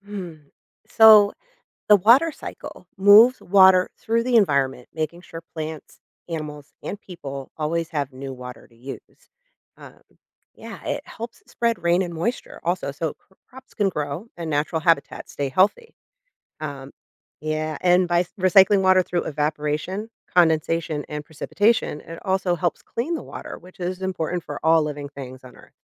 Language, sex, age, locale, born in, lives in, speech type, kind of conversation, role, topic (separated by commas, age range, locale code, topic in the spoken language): English, female, 40-44, en-US, United States, United States, read, expert-assistant, expert, How does the water cycle support life on Earth?